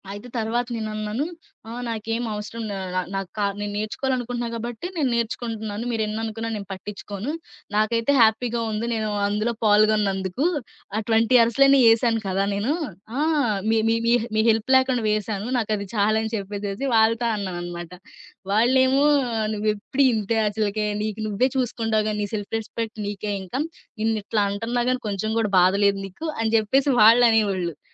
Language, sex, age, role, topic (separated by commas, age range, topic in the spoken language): Telugu, female, 20-24, guest, సామూహిక వంటలు, పంచుకోవడంలో వచ్చే ఆనందంపై మీ అభిప్రాయం ఏమిటి?